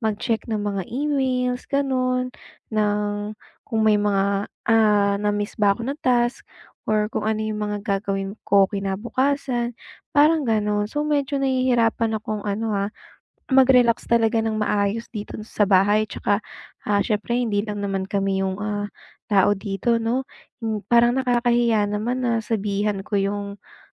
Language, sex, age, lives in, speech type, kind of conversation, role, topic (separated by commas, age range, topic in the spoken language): Filipino, female, 25-29, United States, conversation, advice, user, Paano ako makakapagpahinga nang maayos habang nasa bahay?